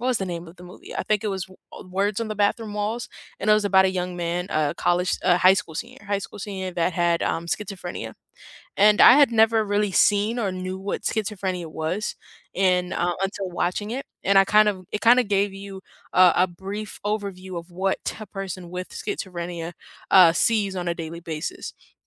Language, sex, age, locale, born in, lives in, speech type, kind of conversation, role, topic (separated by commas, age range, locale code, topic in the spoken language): English, female, 18-19, en-US, United States, United States, conversation, unstructured, none, Should movies include more diverse characters and stories?